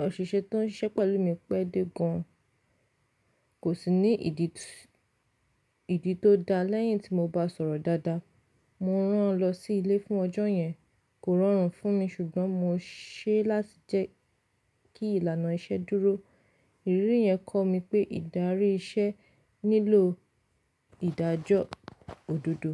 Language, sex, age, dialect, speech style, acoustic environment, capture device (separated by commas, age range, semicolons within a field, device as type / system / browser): Yoruba, female, 25-34, Nigeria - Lagos Yoruba, relaxed, nature, mobile / Linux / Chrome